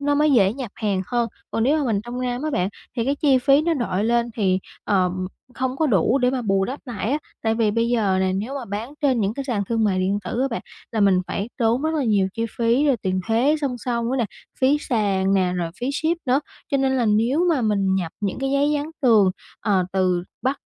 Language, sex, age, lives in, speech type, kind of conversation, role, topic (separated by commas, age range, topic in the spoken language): Vietnamese, female, 20-24, Vietnam, conversation, advice, user, Làm sao để bớt lo lắng về một tương lai không chắc chắn?